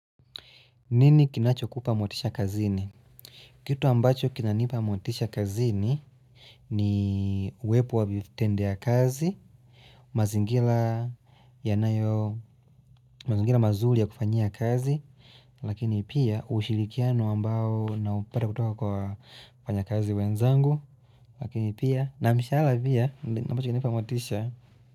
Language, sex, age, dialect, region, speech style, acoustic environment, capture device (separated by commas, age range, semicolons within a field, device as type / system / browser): Swahili, male, 25-34, Tanzania - Dar es Salaam, Tanzania, happy; relaxed, nature; silence; music; people talking; appliances, mobile / Linux / Chrome